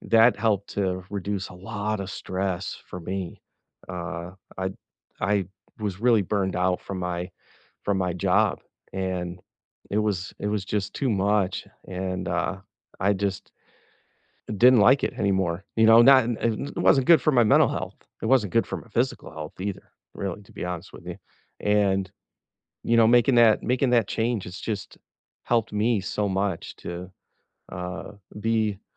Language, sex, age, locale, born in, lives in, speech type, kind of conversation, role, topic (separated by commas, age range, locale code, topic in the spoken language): English, male, 50-54, en-US, United States, United States, conversation, unstructured, none, What small daily routine has made a big difference in your life and relationships?